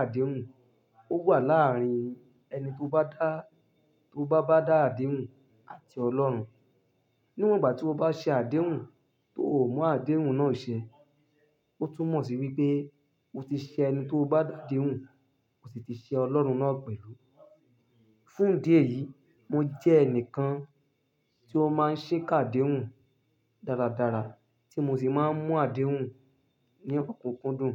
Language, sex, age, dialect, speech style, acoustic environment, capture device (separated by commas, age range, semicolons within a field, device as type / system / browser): Yoruba, male, 25-34, Nigeria - Ibadan, happy, nature, mobile / Linux / Chrome